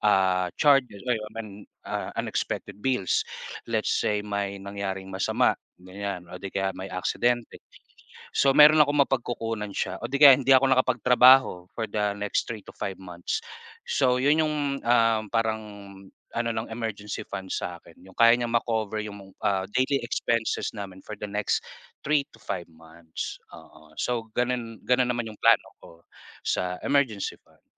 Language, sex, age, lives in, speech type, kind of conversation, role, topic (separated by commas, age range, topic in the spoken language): Filipino, male, 30-34, Philippines, conversation, unstructured, none, Bakit mahalaga sa’yo ang pagkakaroon ng pondong pang-emergency?